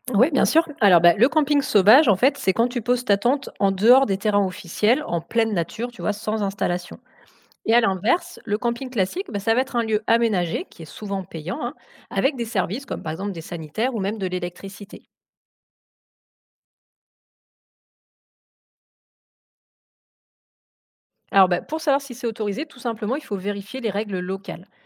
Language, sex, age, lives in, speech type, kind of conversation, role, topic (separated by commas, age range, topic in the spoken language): French, female, 35-39, France, read, expert-assistant, expert, Qu’est-ce qu’on entend par « camping sauvage » et en quoi ça diffère du camping classique ?